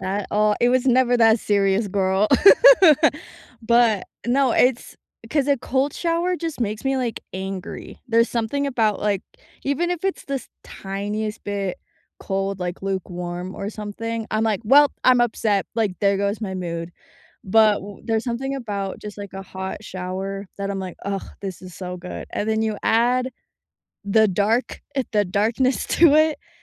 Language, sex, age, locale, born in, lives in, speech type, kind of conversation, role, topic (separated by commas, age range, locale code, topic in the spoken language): English, female, 25-29, en-US, United States, United States, conversation, unstructured, none, What are some ways you handle stress in your daily life?